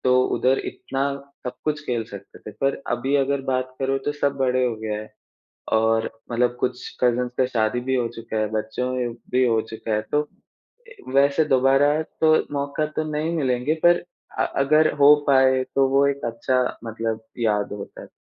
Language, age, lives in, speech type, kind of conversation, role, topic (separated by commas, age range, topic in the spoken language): Hindi, 20-24, India, conversation, unstructured, none, आपके बचपन की कौन-सी खास याद है जो आज भी आपके दिल को छू जाती है?